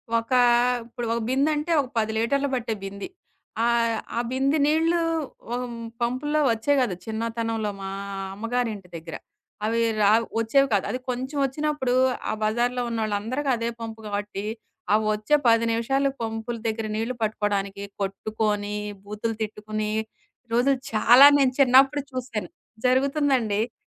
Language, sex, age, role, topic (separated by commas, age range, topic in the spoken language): Telugu, female, 40-44, guest, నీ ఇంటి దగ్గర వర్షజలాన్ని సేవ్ చేసిన ఏమైనా చిట్కా ఉందా?